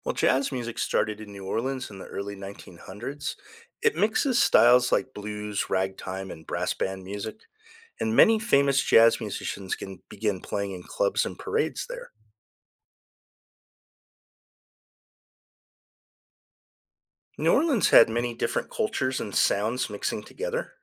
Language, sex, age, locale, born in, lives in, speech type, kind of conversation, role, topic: English, male, 50-54, en-US, United States, United States, read, expert-assistant, expert, What are the historical roots of jazz music?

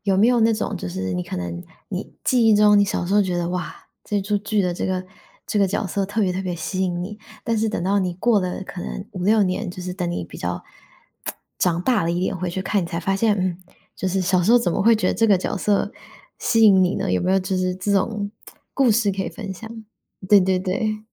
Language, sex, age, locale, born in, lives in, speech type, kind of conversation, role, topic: Chinese, female, 25-29, zh-TW, Taiwan, United States, conversation, podcast, host, 你觉得角色会通过哪些方式影响观众？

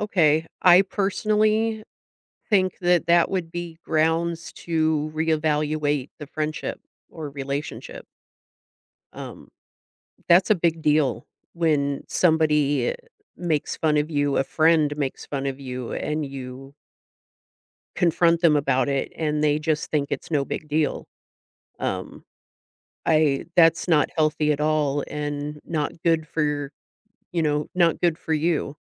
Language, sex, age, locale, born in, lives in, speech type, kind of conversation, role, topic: English, female, 45-49, en-US, United States, United States, conversation, advice, advisor, How can I tell someone what's bothering me without starting an argument?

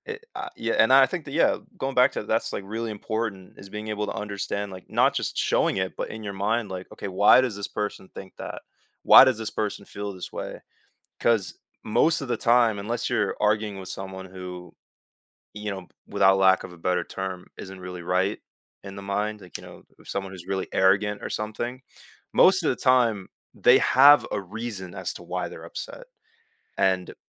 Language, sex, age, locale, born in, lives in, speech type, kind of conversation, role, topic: English, male, 18-19, en-US, United States, United States, conversation, podcast, guest, How can effective communication help people work through disagreements?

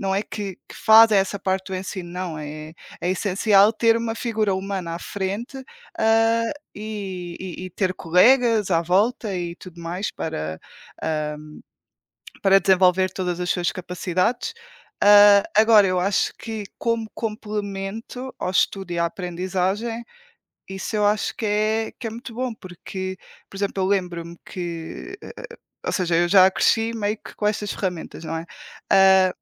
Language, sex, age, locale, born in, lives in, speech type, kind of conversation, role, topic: Portuguese, female, 20-24, pt-PT, Portugal, Portugal, conversation, unstructured, none, Como a tecnologia mudou a forma de aprender hoje?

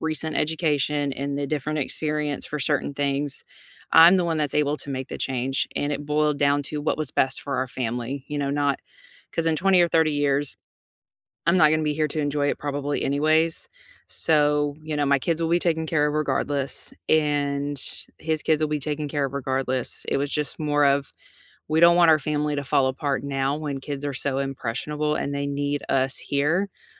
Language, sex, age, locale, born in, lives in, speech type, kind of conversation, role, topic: English, female, 35-39, en-US, United States, United States, conversation, unstructured, none, What is a small risk you took that paid off?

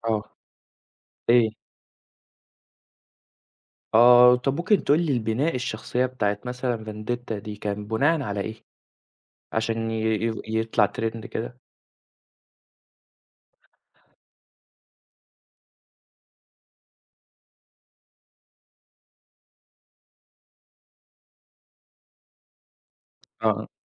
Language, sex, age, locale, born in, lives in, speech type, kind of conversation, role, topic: Arabic, male, 20-24, ar-EG, Saudi Arabia, Egypt, conversation, podcast, host, ليه بعض المسلسلات بتبقى ترند فجأة؟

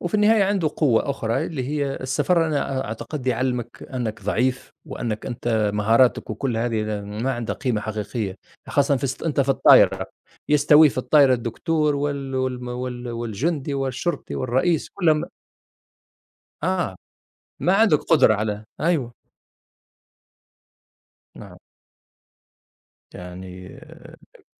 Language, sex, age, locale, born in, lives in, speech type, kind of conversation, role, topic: Arabic, male, 50-54, ar-TN, Tunisia, United States, conversation, unstructured, none, إيه أصعب تجربة مريت بيها وإنت مسافر؟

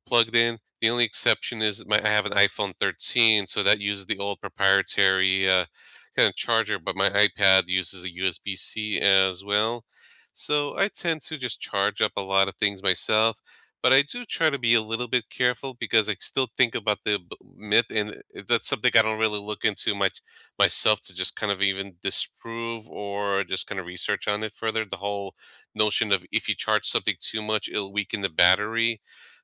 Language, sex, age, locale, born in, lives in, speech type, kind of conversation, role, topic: English, male, 35-39, en-US, United States, United States, conversation, unstructured, none, What charging habits have you adopted, and which battery myths do you still hear?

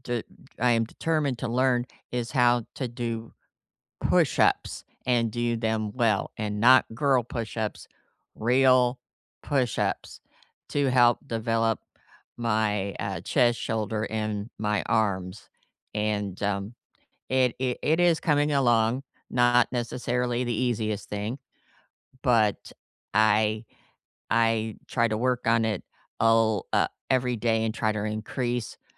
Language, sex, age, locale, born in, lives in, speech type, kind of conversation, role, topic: English, female, 55-59, en-US, United States, United States, conversation, unstructured, none, What skill are you casually learning these days, and how are you fitting practice into your routine?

